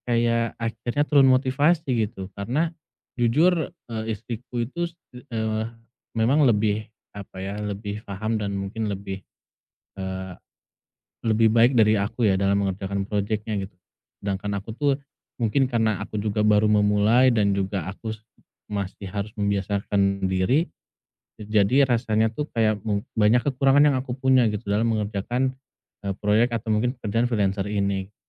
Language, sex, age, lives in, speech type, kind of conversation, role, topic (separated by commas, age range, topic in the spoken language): Indonesian, male, 30-34, Indonesia, conversation, advice, user, Bagaimana caranya mengubah rasa iri saat membandingkan diri dengan orang lain menjadi motivasi untuk diri sendiri?